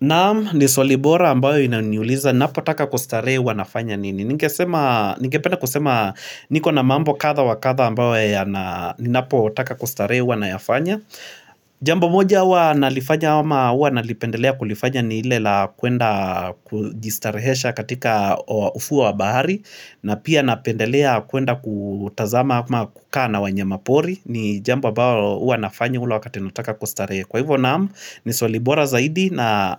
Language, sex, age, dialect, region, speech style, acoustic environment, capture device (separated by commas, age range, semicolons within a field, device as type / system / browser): Swahili, male, 25-34, Kenya - Mombasa, Kenya, happy, music, mobile / Linux / Chrome